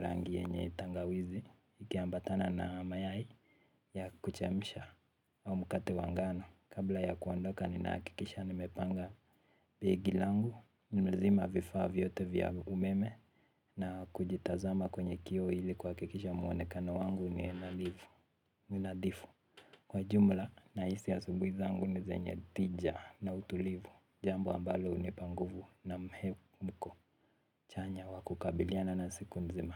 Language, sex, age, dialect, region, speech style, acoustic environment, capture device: Swahili, male, 18-24, Kenya - Nairobi Sheng-influenced, Kenya, relaxed; happy; focused, silence, mobile / Linux / Chrome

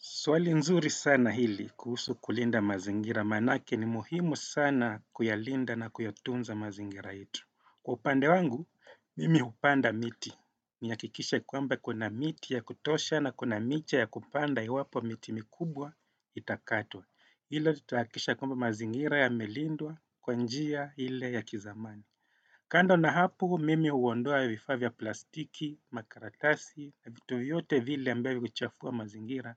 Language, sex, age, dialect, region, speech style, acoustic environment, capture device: Swahili, male, 35-44, Kenya - Mombasa, Kenya, happy, silence, desktop / Windows / Chrome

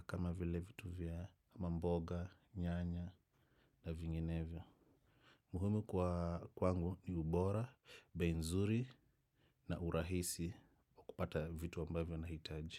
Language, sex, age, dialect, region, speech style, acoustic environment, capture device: Swahili, male, 35-44, Kenya - Nairobi Sheng-influenced, Kenya, focused, silence, desktop / macOS / Chrome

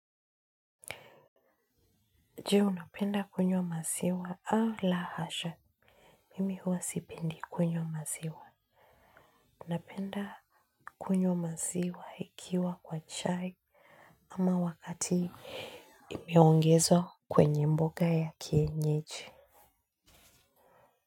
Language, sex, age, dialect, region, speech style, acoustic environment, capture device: Swahili, female, 25-34, Kenya - Nairobi Sheng-influenced, Kenya, focused, silence, mobile / Linux / Chrome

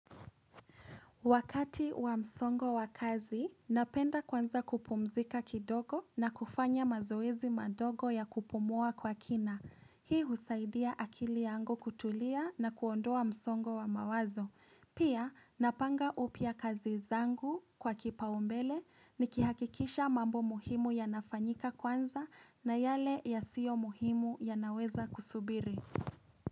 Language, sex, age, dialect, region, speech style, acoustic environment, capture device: Swahili, female, 18-24, Kenya - Nairobi Sheng-influenced, Kenya, happy; excited; relaxed, appliances, mobile / Linux / Chrome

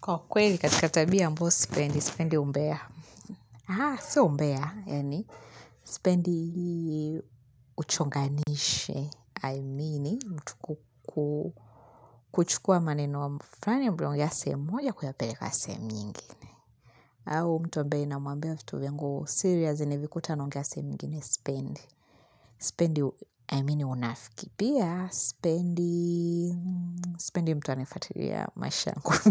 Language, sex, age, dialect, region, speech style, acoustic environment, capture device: Swahili, female, 25-34, Tanzania - Dar es Salaam, Tanzania, happy, nature; music, mobile / Linux / Chrome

